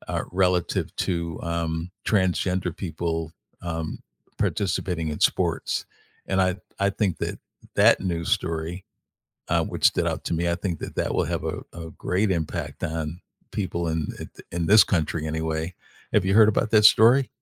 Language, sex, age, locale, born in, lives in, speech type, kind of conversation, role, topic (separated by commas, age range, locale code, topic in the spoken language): English, male, 65-69, en-US, United States, United States, conversation, unstructured, none, What recent news story caught your attention?